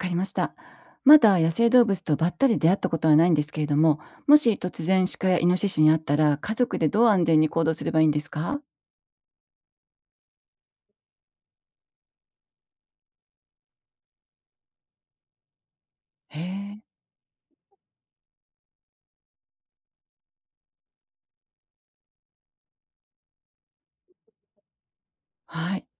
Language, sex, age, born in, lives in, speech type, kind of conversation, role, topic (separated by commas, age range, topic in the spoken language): Japanese, female, 55-59, Japan, Japan, read, expert-assistant, asker, 野生動物を守るって、実際にはどんなことをしているんですか？想像と違うことがあれば教えてください。